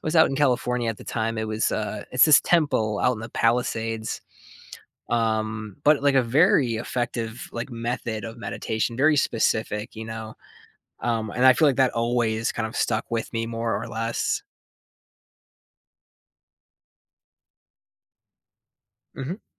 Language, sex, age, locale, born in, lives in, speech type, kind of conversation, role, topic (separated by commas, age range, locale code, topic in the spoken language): English, male, 30-34, en-US, United States, United States, conversation, advice, user, How should I start therapy or self-reflection?